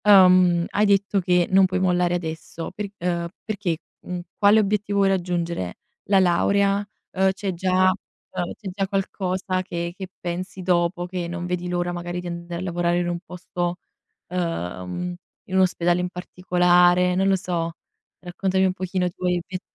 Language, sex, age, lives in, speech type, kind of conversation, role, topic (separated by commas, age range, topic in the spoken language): Italian, female, 20-24, Italy, conversation, advice, advisor, In che modo il sovraccarico e il rischio di burnout stanno bloccando i tuoi progressi?